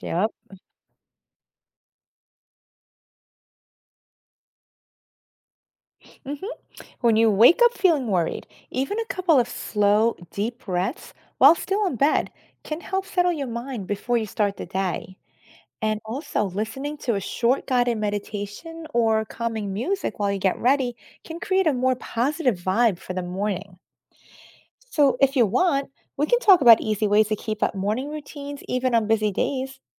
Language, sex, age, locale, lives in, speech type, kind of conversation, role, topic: English, female, 50-54, en-US, United States, read, expert-assistant, expert, How can you practice self-care every day?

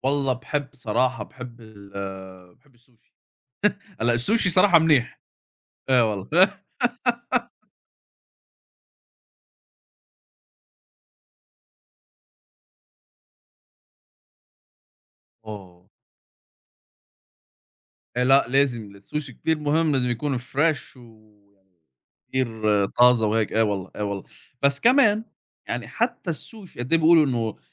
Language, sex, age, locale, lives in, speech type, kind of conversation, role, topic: Arabic, male, 30-34, ar-SA, United States, conversation, unstructured, none, هل إنت شايف إن الأكل السريع بيأثر بالسلب على صحتنا؟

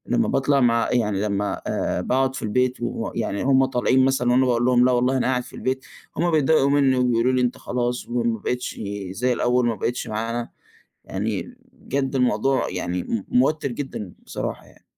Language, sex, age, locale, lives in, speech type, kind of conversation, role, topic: Arabic, male, 20-24, ar-EG, Egypt, conversation, advice, user, إزاي أقدر أوفّق وقتي بين شريكي وصحابي من غير ما أحس بضغط أو ذنب؟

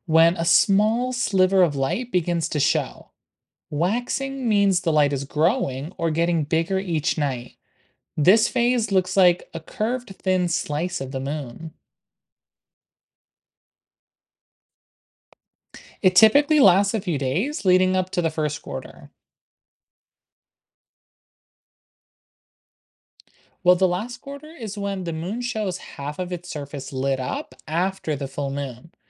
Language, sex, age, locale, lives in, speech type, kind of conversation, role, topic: English, male, 20-24, en-US, United States, read, expert-assistant, expert, What are the phases of the moon and their effects?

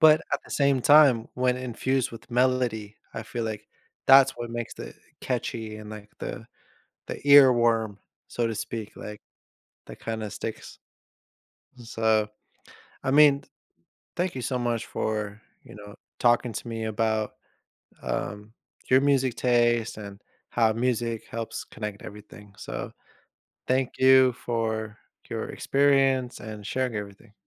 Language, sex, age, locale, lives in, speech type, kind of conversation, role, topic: English, male, 30-34, en-US, United States, conversation, podcast, host, Why do you think music brings people together in meaningful ways?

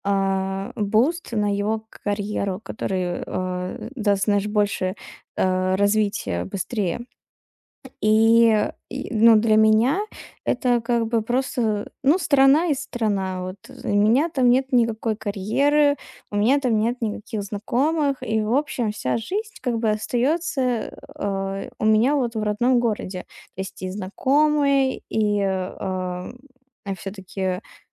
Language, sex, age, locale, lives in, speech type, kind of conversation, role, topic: Russian, female, 20-24, ru-RU, Estonia, conversation, advice, user, Как уменьшить тревогу, когда будущее кажется неопределённым?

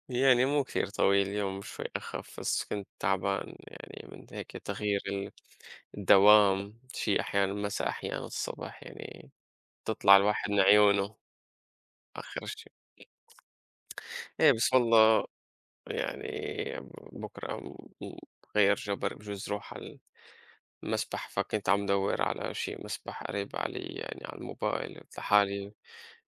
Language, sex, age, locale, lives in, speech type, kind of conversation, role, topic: Arabic, male, 25-29, ar-SY, United States, conversation, unstructured, none, إزاي التكنولوجيا بتأثر على روتينك اليومي؟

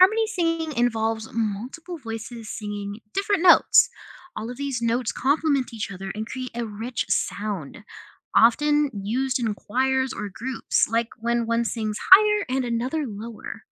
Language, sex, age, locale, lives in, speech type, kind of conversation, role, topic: English, other, 20-24, en-US, United States, read, expert-assistant, expert, What techniques can musicians use to create harmony in music?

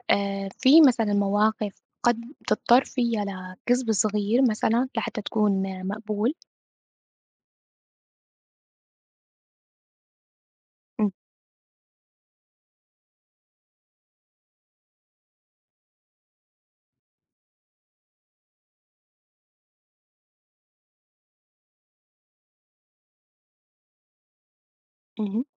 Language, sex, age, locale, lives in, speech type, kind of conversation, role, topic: Arabic, female, 25-29, ar-SY, United States, conversation, unstructured, none, إيه اللي في رأيك بيخلّي الشخص صادق؟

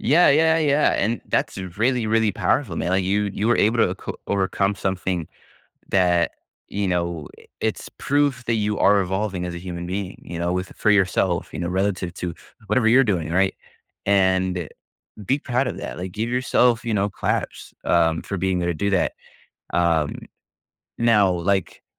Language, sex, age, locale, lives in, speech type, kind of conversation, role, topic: English, male, 20-24, en-US, United States, conversation, advice, advisor, How can I celebrate overcoming a personal challenge?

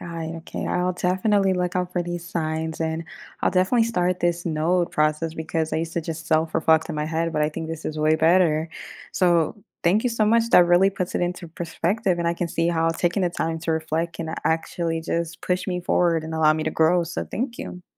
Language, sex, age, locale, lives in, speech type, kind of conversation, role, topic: English, female, 25-29, en-US, United States, read, expert-assistant, asker, What role does self-reflection play in personal growth?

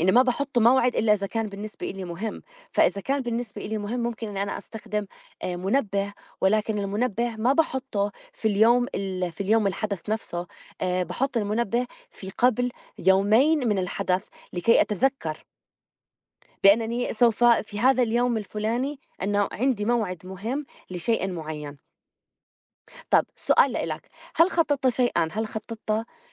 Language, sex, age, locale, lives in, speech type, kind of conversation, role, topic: Arabic, female, 35-39, ar-JO, United States, conversation, unstructured, none, إزاي التخطيط الكويس ممكن يخلي يومك أكتر إنتاجية؟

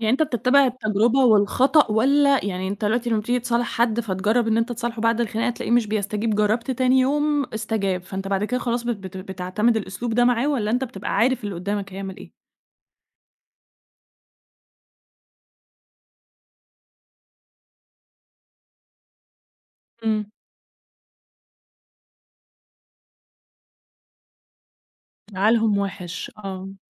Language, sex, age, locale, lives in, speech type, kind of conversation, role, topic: Arabic, female, 30-34, ar-EG, Egypt, conversation, podcast, host, إزاي تعرف إن المصالحة فعلاً نجحت؟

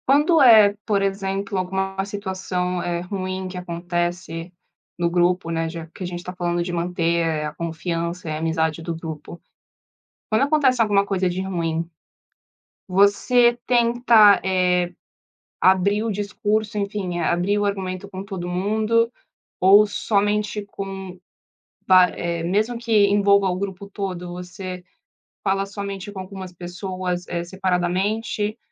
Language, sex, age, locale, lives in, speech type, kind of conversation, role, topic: Portuguese, female, 20-24, pt-BR, Italy, conversation, podcast, host, Como lidar com a fofoca para manter a confiança do grupo?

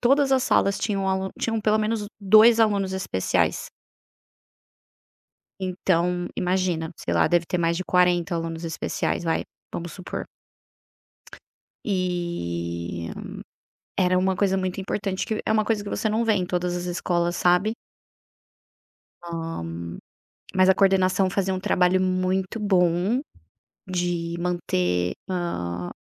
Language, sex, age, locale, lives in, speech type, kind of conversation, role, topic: Portuguese, female, 30-34, pt-BR, Spain, conversation, podcast, guest, Como a escola poderia preparar melhor os alunos para aprenderem sozinhos?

